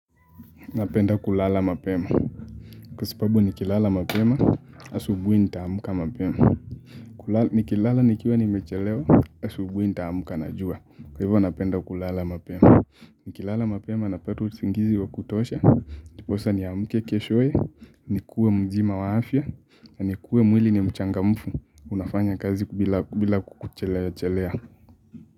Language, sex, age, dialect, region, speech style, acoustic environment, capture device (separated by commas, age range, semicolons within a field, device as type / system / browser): Swahili, male, 18-24, Kenya - Mombasa, Kenya, focused, silence, mobile / Linux / Chrome